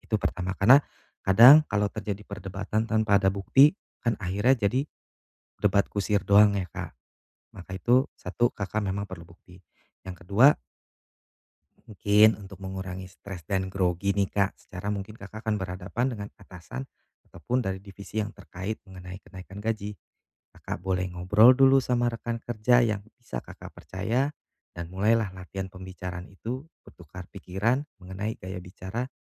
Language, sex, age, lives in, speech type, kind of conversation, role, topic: Indonesian, male, 35-39, Indonesia, conversation, advice, advisor, Bagaimana cara meminta kenaikan gaji setelah beberapa lama bekerja jika saya takut ditolak?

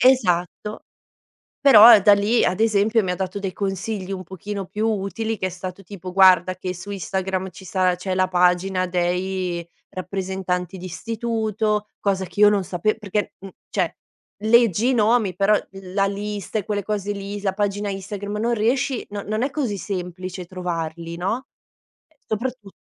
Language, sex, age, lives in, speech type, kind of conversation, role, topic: Italian, female, 30-34, Italy, conversation, podcast, guest, Qual è il valore degli eventi in presenza rispetto a quelli digitali?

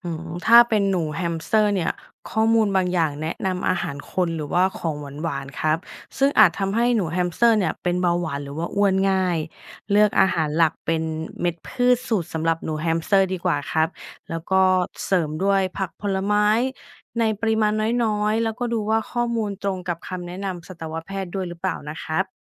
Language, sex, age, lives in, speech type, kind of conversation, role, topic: Thai, female, 35-39, Thailand, read, expert-assistant, expert, เวลาค้นหาความรู้เกี่ยวกับสัตว์เลี้ยง เช่น กระต่ายหรือหนูแฮมสเตอร์ จะรู้ได้อย่างไรว่าข้อมูลไหนเชื่อถือได้จริง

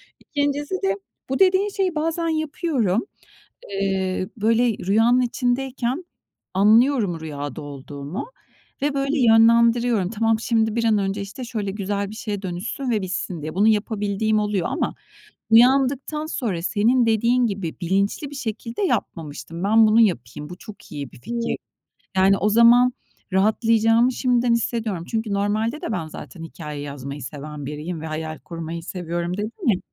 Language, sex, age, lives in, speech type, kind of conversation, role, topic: Turkish, female, 45-49, Spain, conversation, advice, user, Kâbuslar yüzünden uyku kalitem bozuluyor; ne yapabilirim?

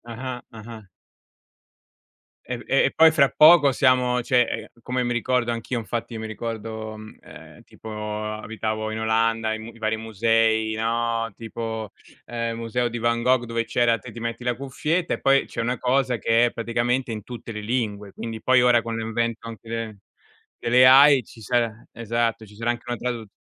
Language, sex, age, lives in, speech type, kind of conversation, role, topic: Italian, male, 40-44, Italy, conversation, unstructured, none, La tecnologia rende il lavoro più facile o più complicato?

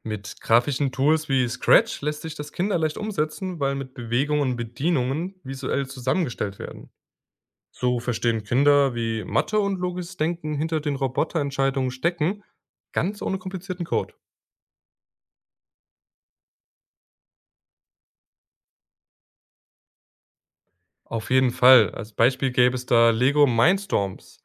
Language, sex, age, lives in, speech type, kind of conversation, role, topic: German, male, 20-24, Germany, read, expert-assistant, expert, Was kann ich tun, damit Lernen im Unterricht nicht nur für Prüfungen, sondern fürs Leben ist?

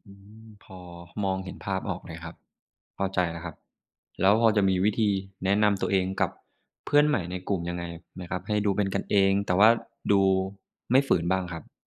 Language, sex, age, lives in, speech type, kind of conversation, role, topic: Thai, male, 25-29, Thailand, read, expert-assistant, asker, วิธีสร้างความสัมพันธ์ที่ดีกับครูและผู้ปกครอง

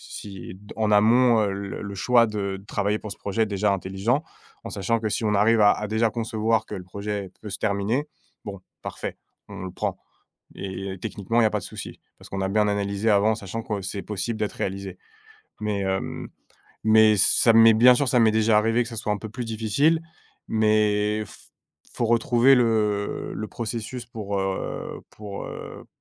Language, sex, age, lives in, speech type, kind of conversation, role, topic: French, male, 30-34, France, conversation, podcast, guest, Quel est ton rituel avant de commencer une session créative ?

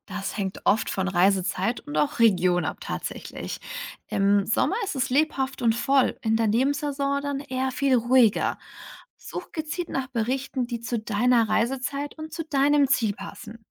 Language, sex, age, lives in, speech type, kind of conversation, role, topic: German, female, 60-64, Germany, read, expert-assistant, expert, Manche Orte werden total unterschiedlich beschrieben – wie finde ich heraus, was mich dort wirklich erwartet?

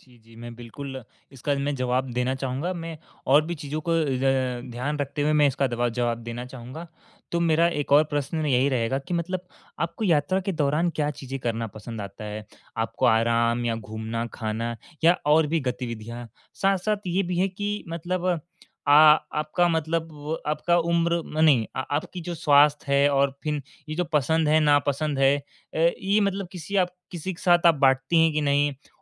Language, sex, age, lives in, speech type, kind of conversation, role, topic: Hindi, male, 18-19, India, conversation, advice, advisor, यात्रा की योजना बनाना चुनौतीपूर्ण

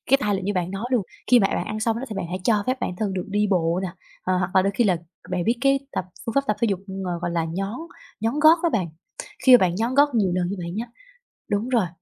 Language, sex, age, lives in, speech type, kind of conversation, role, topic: Vietnamese, female, 20-24, Vietnam, conversation, advice, advisor, Làm sao để bớt cảm giác tội lỗi sau khi lỡ ăn quá nhiều một lần?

